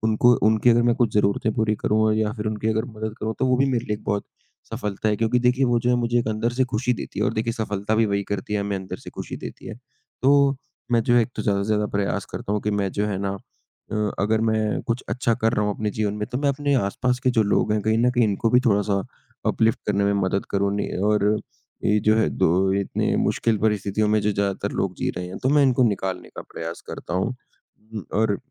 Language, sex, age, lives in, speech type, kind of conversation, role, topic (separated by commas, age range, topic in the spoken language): Hindi, male, 20-24, India, conversation, unstructured, none, आपके हिसाब से सफलता का मतलब क्या है?